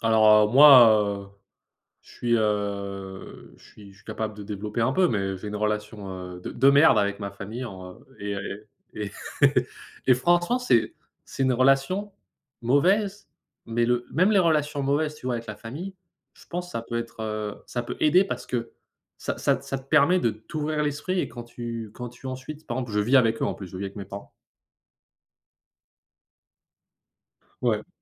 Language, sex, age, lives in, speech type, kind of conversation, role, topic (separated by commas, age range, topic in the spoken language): French, male, 25-29, United States, conversation, unstructured, none, Comment décrirais-tu ta relation avec ta famille ?